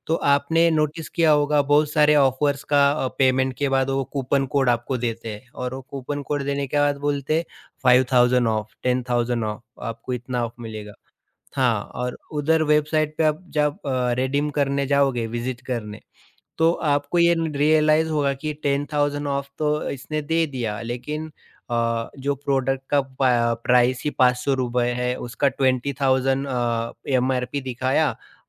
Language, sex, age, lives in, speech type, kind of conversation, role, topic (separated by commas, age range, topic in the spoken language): Hindi, male, 30-34, India, conversation, podcast, guest, Social media se success ka perception kitna badalta hai, boss?